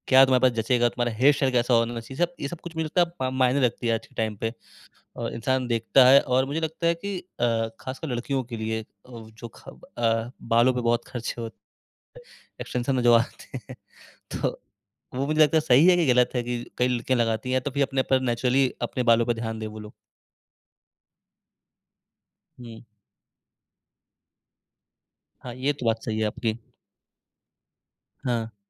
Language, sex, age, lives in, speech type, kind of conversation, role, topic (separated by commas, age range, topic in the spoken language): Hindi, male, 20-24, India, conversation, podcast, host, बजट में रहते हुए आप अच्छा लुक कैसे बना सकते हैं?